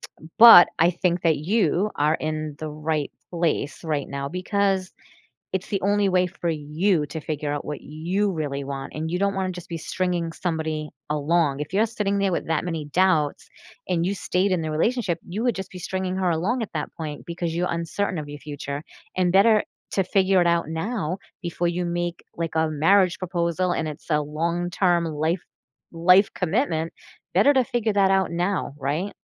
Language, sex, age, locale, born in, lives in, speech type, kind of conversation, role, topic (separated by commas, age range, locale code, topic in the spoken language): English, female, 45-49, en-US, United States, United States, conversation, advice, advisor, How can I cope after an unexpected breakup?